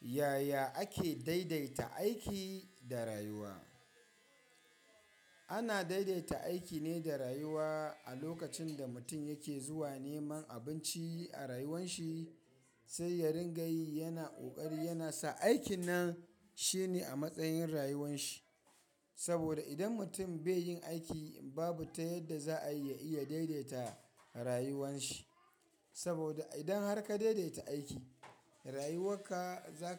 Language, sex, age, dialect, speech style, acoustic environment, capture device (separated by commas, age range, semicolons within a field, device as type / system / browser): Hausa, male, 25-34, Nigeria - Kano, happy; excited, people talking; nature, mobile / Linux / Chrome